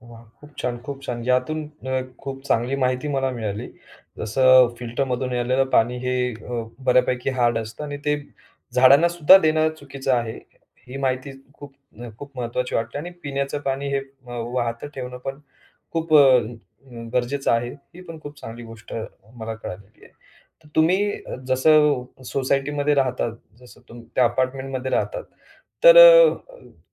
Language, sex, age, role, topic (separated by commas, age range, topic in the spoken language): Marathi, male, 35-39, host, घरात पाणी वाचवण्यासाठी कोणते सोपे उपाय करता येतील?